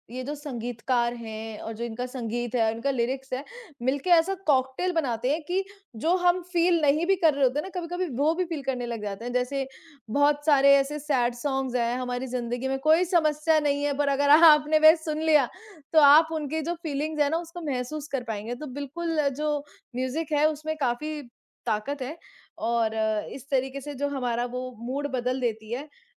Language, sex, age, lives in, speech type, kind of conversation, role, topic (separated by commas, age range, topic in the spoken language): Hindi, female, 25-29, India, conversation, unstructured, none, आपको कौन-सा गाना बार-बार सुनना अच्छा लगता है?